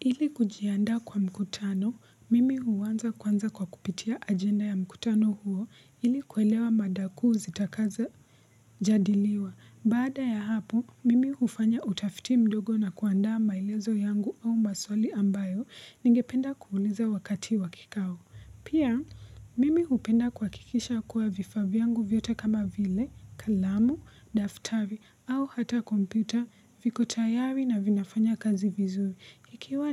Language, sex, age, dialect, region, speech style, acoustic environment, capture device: Swahili, female, 18-24, Kenya - Nairobi Sheng-influenced, Kenya, focused; relaxed, silence; appliances, mobile / Linux / Chrome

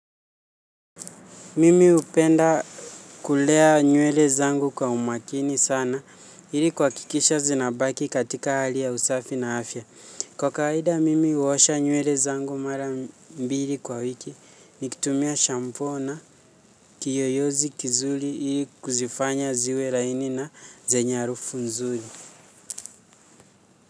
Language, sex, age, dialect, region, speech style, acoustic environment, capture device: Swahili, male, 18-24, Kenya - Nairobi Sheng-influenced, Kenya, relaxed; focused, nature; silence, mobile / Linux / Chrome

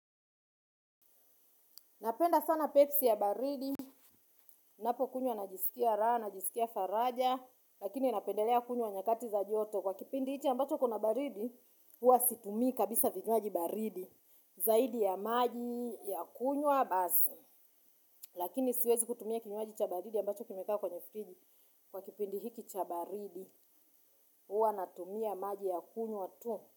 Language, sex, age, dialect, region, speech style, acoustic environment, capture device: Swahili, female, 35-44, Tanzania - Dar es Salaam, Tanzania, happy, silence; nature, mobile / Linux / Chrome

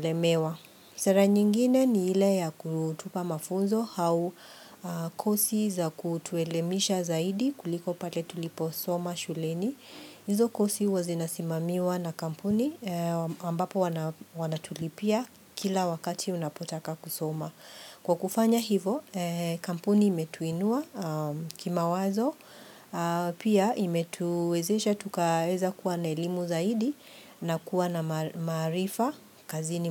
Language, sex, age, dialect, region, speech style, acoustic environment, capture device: Swahili, female, 45-54, Kenya - Nairobi Sheng-influenced, Kenya, happy; relaxed, people talking, mobile / Linux / Chrome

